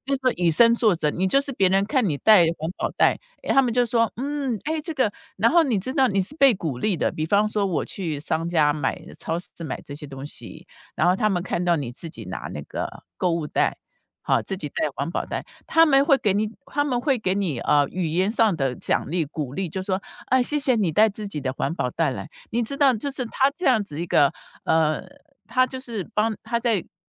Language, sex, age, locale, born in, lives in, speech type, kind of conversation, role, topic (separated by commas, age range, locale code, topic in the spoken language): Chinese, female, 55-59, zh-TW, Taiwan, United States, conversation, podcast, guest, 你在日常生活中会怎么减少垃圾呢？